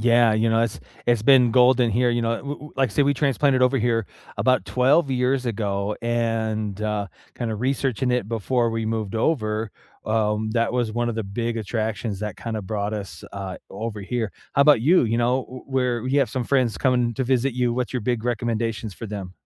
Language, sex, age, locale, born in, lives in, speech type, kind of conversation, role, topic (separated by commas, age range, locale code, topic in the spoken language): English, male, 45-49, en-US, United States, United States, conversation, unstructured, none, What local spots do you recommend to friends who are visiting?